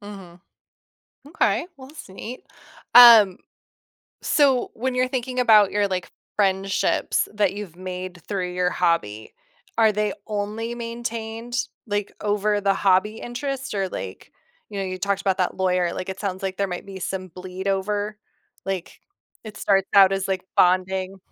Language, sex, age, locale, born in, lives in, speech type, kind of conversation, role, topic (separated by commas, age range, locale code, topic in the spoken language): English, female, 35-39, en-US, United States, United States, conversation, podcast, host, In what ways can shared hobbies help people form and strengthen friendships?